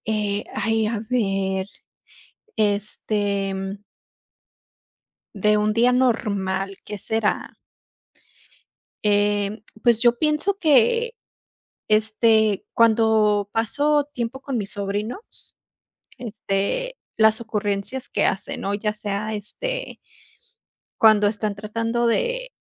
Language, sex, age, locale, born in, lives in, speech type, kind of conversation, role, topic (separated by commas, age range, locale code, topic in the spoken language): Spanish, female, 30-34, es-US, United States, United States, conversation, unstructured, none, ¿Qué es lo que te hace sonreír en un día normal?